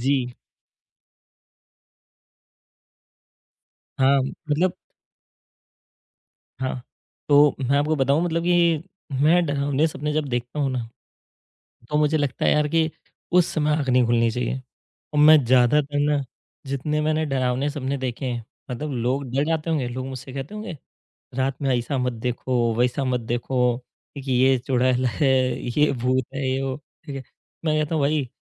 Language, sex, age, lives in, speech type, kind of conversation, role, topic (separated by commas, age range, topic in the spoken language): Hindi, male, 30-34, India, conversation, podcast, guest, जब आपके सपने टूट जाते हैं, तो आप फिर से नए सपने कैसे देख पाते हैं?